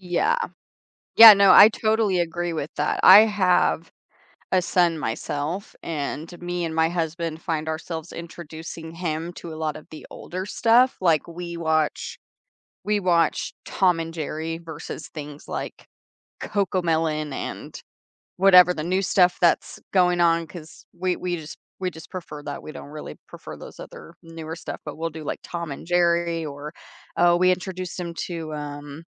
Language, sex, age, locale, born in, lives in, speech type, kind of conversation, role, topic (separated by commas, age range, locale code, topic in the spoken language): English, female, 25-29, en-US, United States, United States, conversation, podcast, host, What makes certain TV shows connect so deeply with viewers and become cultural phenomena?